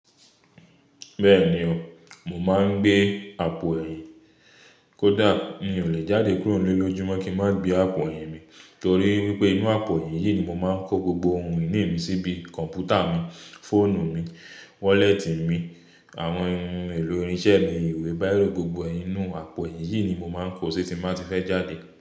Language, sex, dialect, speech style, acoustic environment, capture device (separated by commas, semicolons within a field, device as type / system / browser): Yoruba, male, Nigeria - Nigerian Standard, relaxed, appliances, mobile / Linux / Chrome